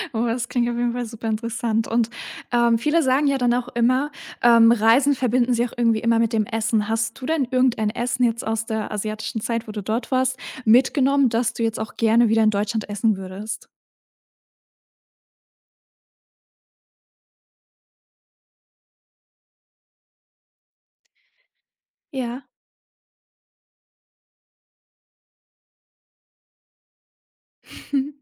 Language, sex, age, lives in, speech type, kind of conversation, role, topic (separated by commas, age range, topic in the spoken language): German, female, 18-19, Germany, conversation, podcast, host, Kannst du von einer Begegnung mit Einheimischen erzählen, die dir besonders in Erinnerung geblieben ist?